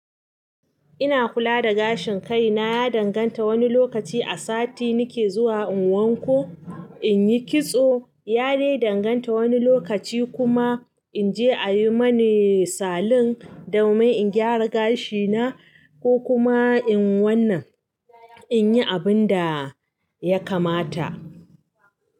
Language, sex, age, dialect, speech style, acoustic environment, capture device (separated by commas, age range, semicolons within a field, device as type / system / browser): Hausa, female, 35-44, Nigeria - Katsina, happy; nervous; anxious, appliances; silence; nature, mobile / Linux / Chrome